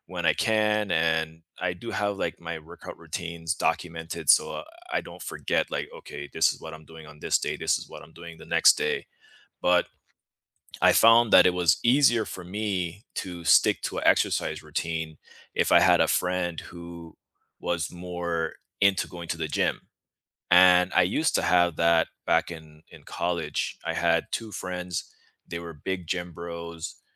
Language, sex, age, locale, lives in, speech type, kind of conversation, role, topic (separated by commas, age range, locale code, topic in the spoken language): English, male, 35-39, en-US, United States, conversation, unstructured, none, What fitness habits truly stick for you, and how can friends help you stay consistent and connected?